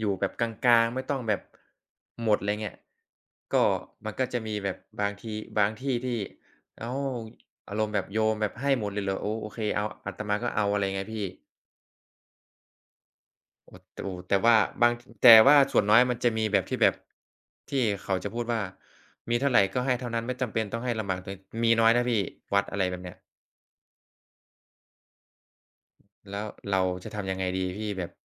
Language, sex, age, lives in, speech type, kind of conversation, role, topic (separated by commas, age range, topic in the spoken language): Thai, male, 20-24, Thailand, conversation, unstructured, none, คุณเคยรู้สึกไหมว่าศาสนาทำให้คนในสังคมแบ่งแยกกัน?